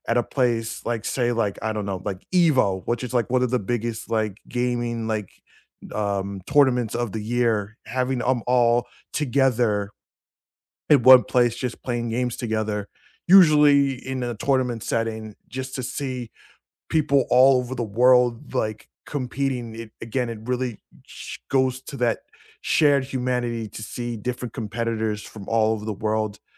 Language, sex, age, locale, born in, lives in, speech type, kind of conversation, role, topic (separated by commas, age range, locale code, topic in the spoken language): English, male, 35-39, en-US, United States, United States, conversation, unstructured, none, How do hobbies help you connect with other people?